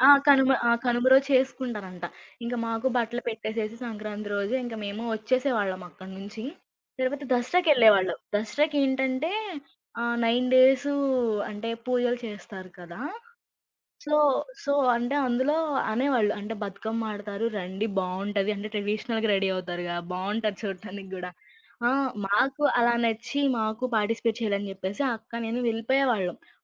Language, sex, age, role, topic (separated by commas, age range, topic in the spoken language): Telugu, female, 20-24, guest, మీరు ఒక గ్రామీణ పండగలో పాల్గొన్న అనుభవం గురించి చెప్పగలరా?